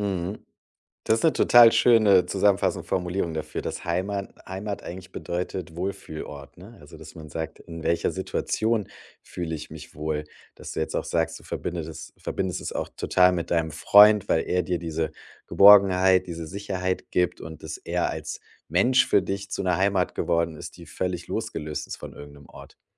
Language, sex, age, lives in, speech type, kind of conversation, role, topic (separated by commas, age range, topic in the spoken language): German, male, 35-39, Germany, conversation, podcast, host, Was bedeutet Heimat für dich heute?